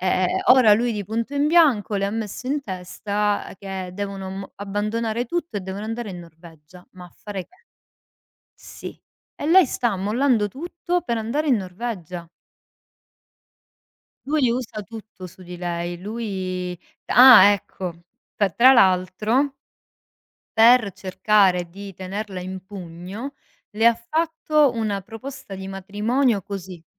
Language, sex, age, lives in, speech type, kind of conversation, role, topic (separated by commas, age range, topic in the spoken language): Italian, female, 35-39, Italy, conversation, unstructured, none, Cosa pensi delle persone che fanno leva sul senso di colpa per ottenere ciò che vogliono?